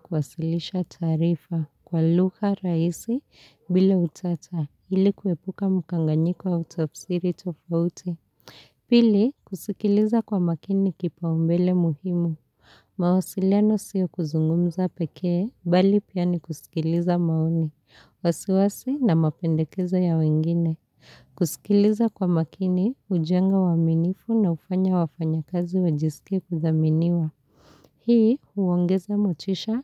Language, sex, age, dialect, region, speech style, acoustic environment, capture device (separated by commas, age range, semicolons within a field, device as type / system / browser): Swahili, female, 25-34, Kenya - Nairobi Sheng-influenced, Kenya, happy; relaxed; focused, people talking; nature; silence; appliances, mobile / Linux / Chrome